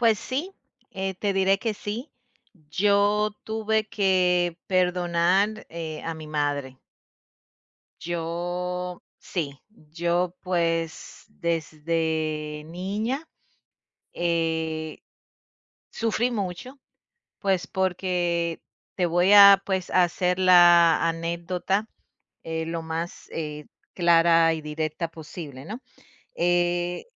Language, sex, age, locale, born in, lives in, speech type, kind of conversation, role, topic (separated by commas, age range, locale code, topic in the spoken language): Spanish, female, 45-49, es-DO, Dominican Republic, United States, conversation, podcast, guest, ¿Alguna vez tuviste que perdonar a un familiar y cómo fue?